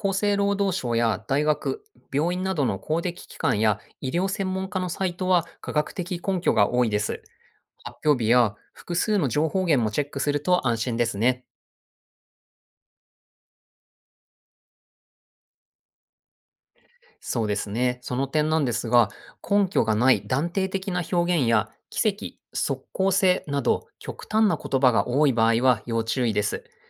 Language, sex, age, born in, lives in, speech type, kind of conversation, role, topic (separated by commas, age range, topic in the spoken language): Japanese, male, 40-44, Japan, Japan, read, expert-assistant, expert, 有名人がSNSで紹介する食材や生産方法の情報、どこまで信用していいのでしょうか？